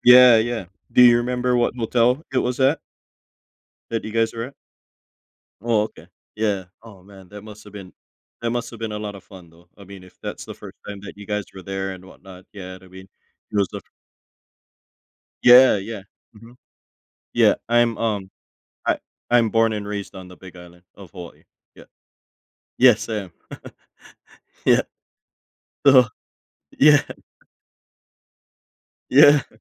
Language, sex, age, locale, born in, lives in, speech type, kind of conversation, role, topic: English, male, 35-39, en-US, United States, United States, conversation, podcast, host, What helps people move past fear when facing new challenges?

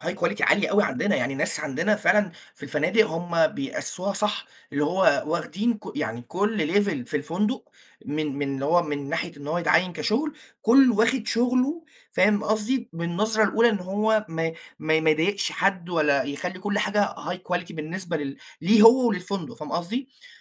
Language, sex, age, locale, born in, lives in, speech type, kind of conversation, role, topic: Arabic, male, 20-24, ar-EG, Egypt, Egypt, conversation, unstructured, none, هل اتعصبت قبل كده بسبب قلة نظافة فندق أو أي مرفق؟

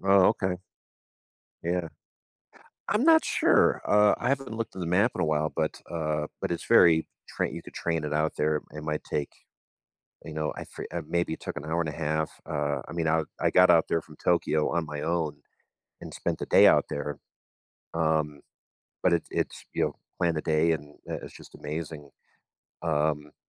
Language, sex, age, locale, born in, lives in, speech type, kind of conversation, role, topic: English, male, 45-49, en-US, United States, United States, conversation, advice, advisor, How can I manage daily responsibilities without feeling overwhelmed?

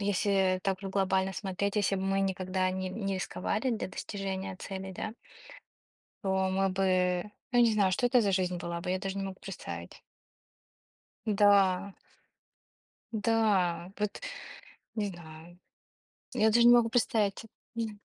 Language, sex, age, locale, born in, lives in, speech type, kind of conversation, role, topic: Russian, female, 25-29, ru-RU, Russia, United States, conversation, unstructured, none, Что для вас важнее при достижении целей: стабильность или риск?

